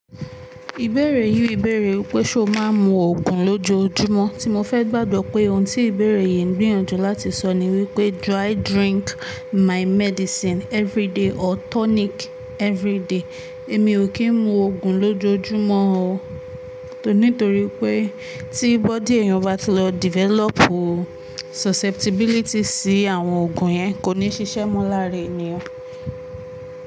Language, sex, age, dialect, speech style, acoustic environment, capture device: Yoruba, female, 18-24, Nigeria - Ibadan, focused, appliances, mobile / Linux / Chrome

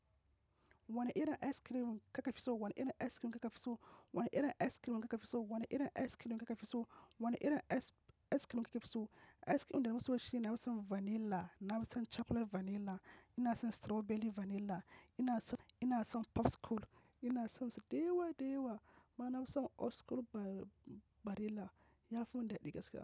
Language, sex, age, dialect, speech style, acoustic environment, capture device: Hausa, male, 25-34, Nigeria - Kano, focused, nature, mobile / macOS / Safari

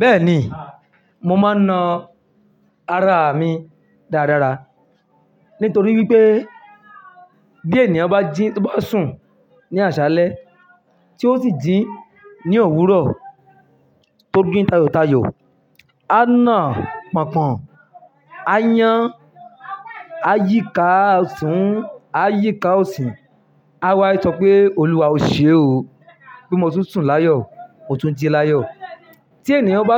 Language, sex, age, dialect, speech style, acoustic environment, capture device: Yoruba, male, 25-34, Nigeria - Ibadan, happy, people talking, mobile / Linux / Chrome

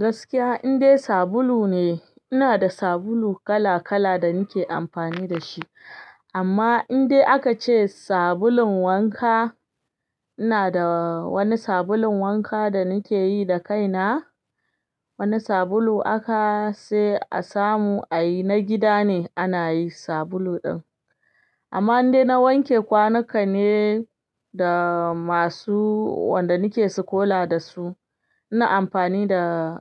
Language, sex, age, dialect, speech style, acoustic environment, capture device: Hausa, female, 25-34, Nigeria - Ibadan, excited; happy, silence, desktop / Linux / Chrome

